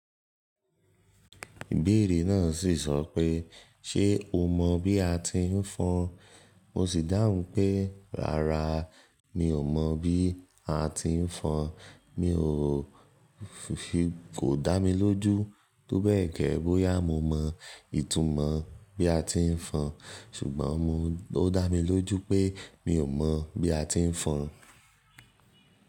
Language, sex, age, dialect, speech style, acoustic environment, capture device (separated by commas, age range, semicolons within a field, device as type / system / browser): Yoruba, male, 18-24, Nigeria - Nigerian Standard, focused; relaxed, nature, mobile / Linux / Chrome